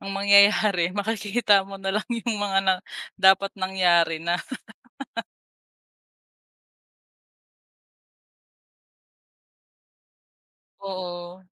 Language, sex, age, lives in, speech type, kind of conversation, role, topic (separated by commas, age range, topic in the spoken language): Filipino, female, 25-29, Philippines, conversation, podcast, host, Paano nakaapekto ang midyang panlipunan sa paraan mo ng panonood?